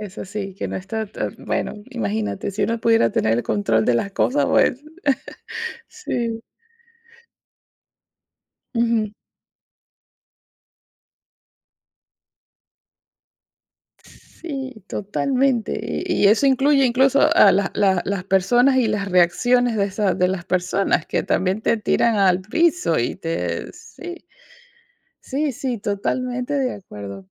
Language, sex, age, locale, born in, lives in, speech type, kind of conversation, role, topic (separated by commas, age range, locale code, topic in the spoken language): Spanish, female, 40-44, es-VE, Venezuela, United States, conversation, unstructured, none, ¿Cómo te motivas cuando te sientes desanimado?